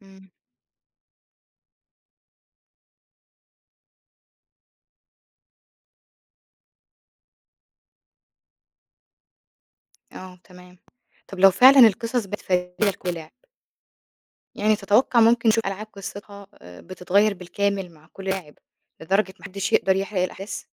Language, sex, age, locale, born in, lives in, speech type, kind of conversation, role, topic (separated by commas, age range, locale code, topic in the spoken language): Arabic, female, 25-29, ar-EG, Egypt, Egypt, read, expert-assistant, asker, لو عندك القدرة تدمج لعبتين مشهورين مع بعض، إيه هما وحيبقى شكل اللعبة الجديدة عامل إزاي؟